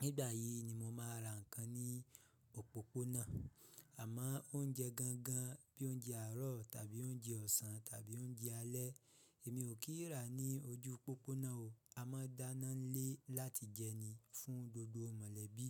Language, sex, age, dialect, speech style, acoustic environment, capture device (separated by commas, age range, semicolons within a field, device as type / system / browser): Yoruba, male, 25-34, Nigeria - Ibadan, relaxed; happy; excited; focused, silence, mobile / Linux / Chrome